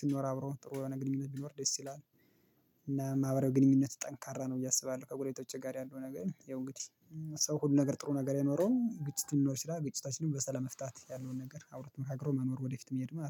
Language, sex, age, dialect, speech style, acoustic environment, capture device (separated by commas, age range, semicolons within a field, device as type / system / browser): Amharic, male, 25-34, Ethiopia - Gondar, relaxed; happy; focused; excited, silence, mobile / Linux / Chrome